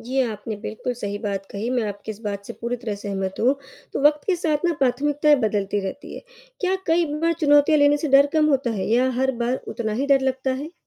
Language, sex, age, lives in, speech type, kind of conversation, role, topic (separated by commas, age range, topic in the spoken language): Hindi, female, 35-39, India, read, expert-assistant, asker, हाइकिंग के दौरान रास्ता भटकना किस ज़िन्दगी के अनुभव से मिलता-जुलता है? कोई उदाहरण दे सकते हैं?